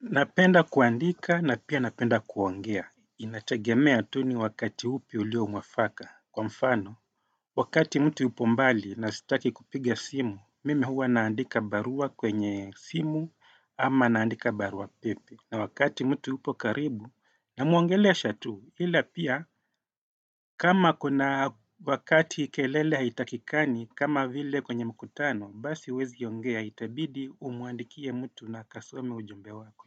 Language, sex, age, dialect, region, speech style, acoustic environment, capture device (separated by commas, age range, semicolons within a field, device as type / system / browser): Swahili, male, 35-44, Kenya - Mombasa, Kenya, happy, silence, desktop / Windows / Chrome